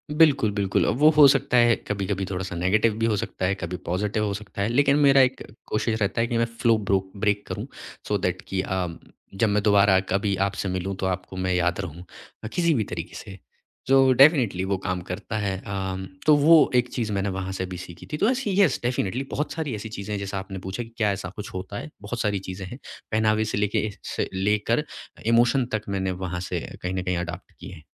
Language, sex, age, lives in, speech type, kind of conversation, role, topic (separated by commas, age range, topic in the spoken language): Hindi, male, 25-29, India, conversation, podcast, guest, Bollywood aur celebs tumhare style choices ko kaise shape karte hain?